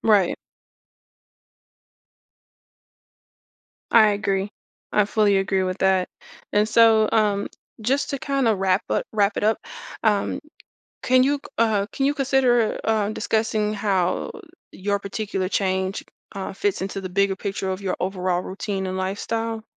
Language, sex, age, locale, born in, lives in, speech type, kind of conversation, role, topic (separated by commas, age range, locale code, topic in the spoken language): English, female, 35-39, en-US, United States, United States, conversation, podcast, host, How can making small adjustments to your daily habits lead to meaningful improvements in your life?